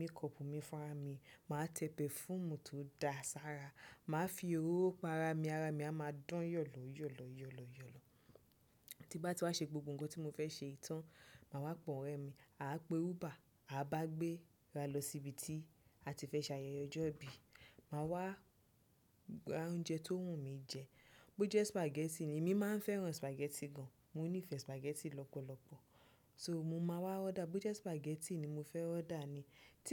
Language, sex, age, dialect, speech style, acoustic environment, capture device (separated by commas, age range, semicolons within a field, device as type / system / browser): Yoruba, female, 25-34, Nigeria - Nigerian Standard, happy, people talking, desktop / Windows / Chrome